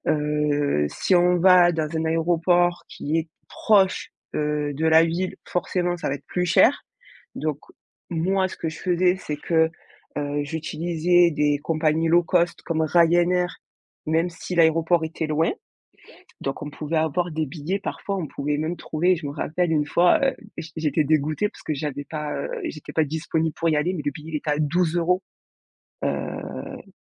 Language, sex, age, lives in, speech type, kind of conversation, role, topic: French, female, 25-29, France, conversation, podcast, guest, Quelles astuces pour voyager avec un petit budget ?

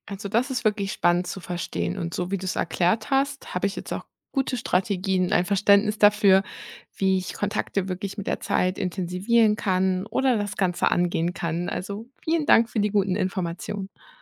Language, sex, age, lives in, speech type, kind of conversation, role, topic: German, female, 30-34, Germany, read, expert-assistant, asker, Was sind effektive Strategien, um neue Freundschaften zu knüpfen – insbesondere, wenn sich das soziale Umfeld verändert, zum Beispiel durch Umzug oder Jobwechsel?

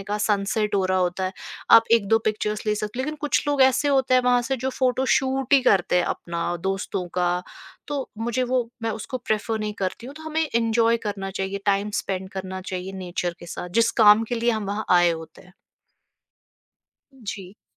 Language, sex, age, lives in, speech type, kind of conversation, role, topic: Hindi, female, 25-29, India, conversation, podcast, guest, Nature mein walk karne se tumhara dimaag kaise fresh ho jata hai?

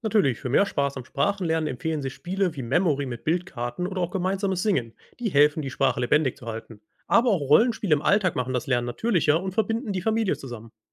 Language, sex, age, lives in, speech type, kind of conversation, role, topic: German, male, 25-29, Germany, read, expert-assistant, expert, Wie kann ich mit Freunden oder Familie spielerisch dazu beitragen, dass seltene Sprachen weitergegeben werden?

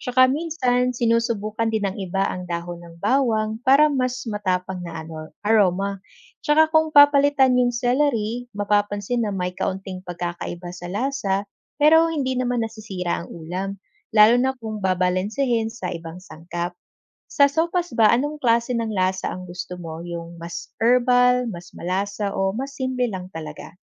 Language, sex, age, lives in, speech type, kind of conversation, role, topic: Filipino, female, 25-29, Philippines, read, expert-assistant, expert, Paano matutong magluto ng iba't ibang ulam kahit walang pormal na training?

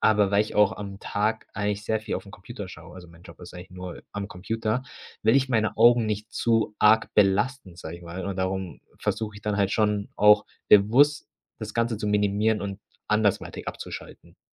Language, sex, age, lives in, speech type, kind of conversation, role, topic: German, male, 25-29, Germany, conversation, podcast, guest, Wie schaffst du es abends, dein Handy besser wegzulegen und abzuschalten?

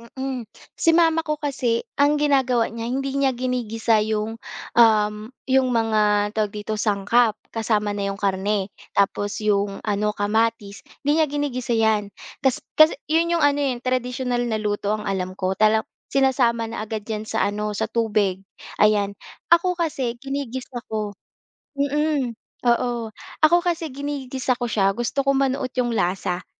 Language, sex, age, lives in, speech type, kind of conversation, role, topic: Filipino, female, 25-29, Philippines, conversation, podcast, guest, Ano ang paboritong ulam sa pamilya ninyo, at bakit ito espesyal sa inyo?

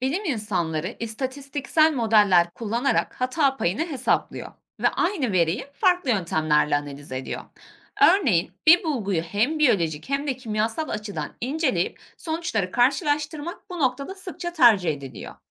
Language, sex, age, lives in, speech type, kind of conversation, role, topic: Turkish, female, 25-29, Germany, read, expert-assistant, expert, Uzayda yaşamı araştırmak isteyen biri olarak hangi becerileri geliştirmem faydalı olur?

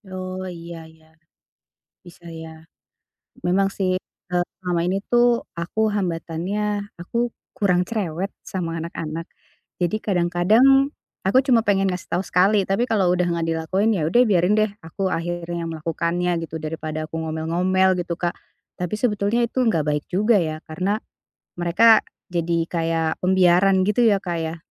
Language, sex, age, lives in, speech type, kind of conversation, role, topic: Indonesian, female, 40-44, Indonesia, conversation, advice, user, Bagaimana cara mempertahankan kebiasaan rapi dalam jangka panjang?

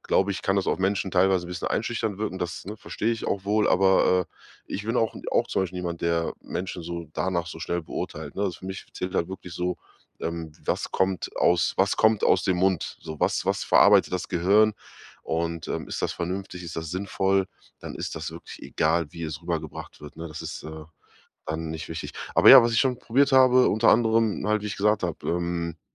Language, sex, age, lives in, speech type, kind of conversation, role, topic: German, male, 30-34, Germany, conversation, advice, user, Wie kann ich mich durchsetzen, ohne aggressiv zu wirken?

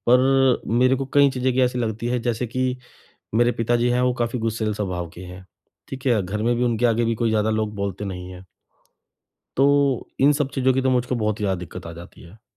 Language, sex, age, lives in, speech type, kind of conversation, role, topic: Hindi, male, 35-39, India, conversation, advice, user, नई जगह पर परिवार के साथ रहते हुए मैं दैनिक जीवन और आदतों के बदलाव को कैसे अच्छे से संभालूं?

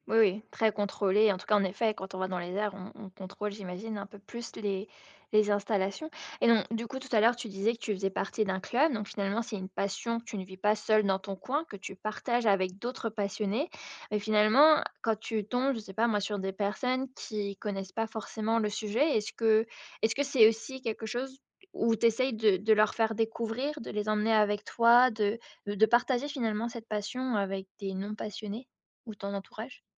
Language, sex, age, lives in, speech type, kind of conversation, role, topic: French, female, 25-29, France, conversation, podcast, host, Peux-tu me parler de l’un de tes passe-temps préférés ?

